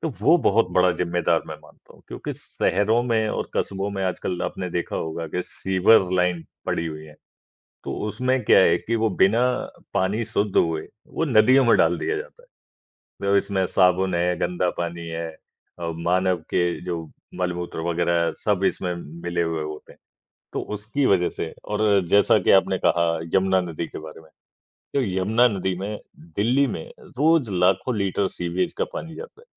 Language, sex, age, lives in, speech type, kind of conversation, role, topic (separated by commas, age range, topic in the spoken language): Hindi, male, 55-59, India, conversation, unstructured, none, नदियों में गंदगी क्यों बढ़ रही है?